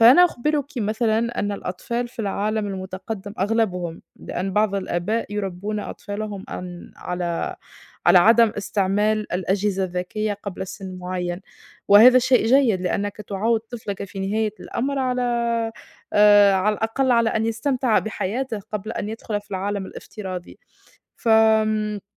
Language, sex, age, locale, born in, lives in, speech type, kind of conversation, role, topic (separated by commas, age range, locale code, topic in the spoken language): Arabic, female, 25-29, ar-TN, Tunisia, Portugal, conversation, unstructured, none, هل في حاجة من أيام الطفولة لسه بتوحشك؟